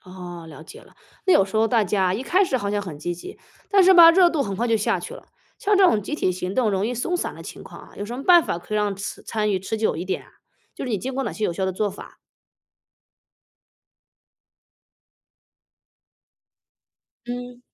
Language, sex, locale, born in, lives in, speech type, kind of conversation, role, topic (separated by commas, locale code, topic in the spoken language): Chinese, female, zh-CN, China, United States, read, expert-assistant, asker, 面对网络请愿、投票和志愿服务，你觉得哪种参与方式对社会影响最大？